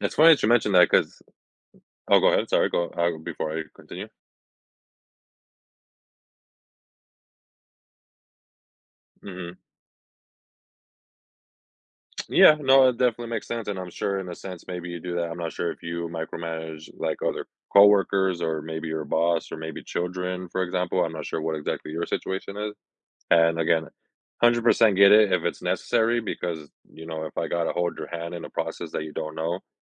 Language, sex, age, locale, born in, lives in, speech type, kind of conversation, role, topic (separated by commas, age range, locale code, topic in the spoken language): English, male, 25-29, en-US, United States, United States, conversation, podcast, guest, What are effective ways to handle challenging relationships at work?